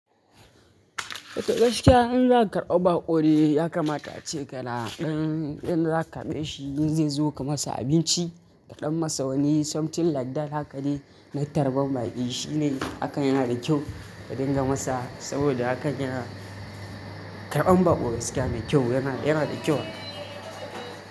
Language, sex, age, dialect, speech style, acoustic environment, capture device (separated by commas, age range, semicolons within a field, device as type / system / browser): Hausa, male, 18-24, Nigeria - Kano, happy, people talking, mobile / Linux / Chrome